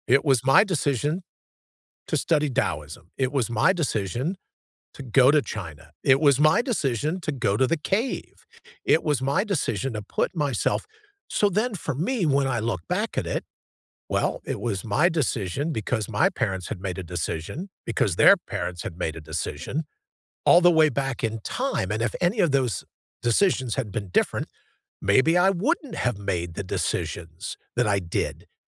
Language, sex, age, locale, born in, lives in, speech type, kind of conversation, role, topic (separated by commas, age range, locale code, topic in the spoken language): English, male, 60-64, en-US, United States, United States, conversation, unstructured, none, What scares you most about losing your freedom to choose?